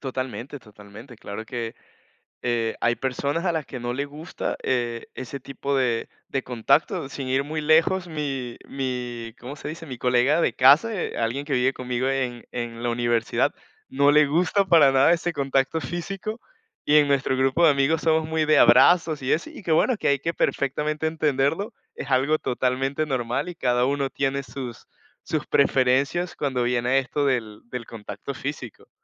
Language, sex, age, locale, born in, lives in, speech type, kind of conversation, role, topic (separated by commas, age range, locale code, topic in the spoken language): Spanish, male, 20-24, es-VE, Venezuela, Portugal, conversation, podcast, guest, ¿Qué diferencias notas entre saludar con un abrazo y saludar con un beso en la mejilla?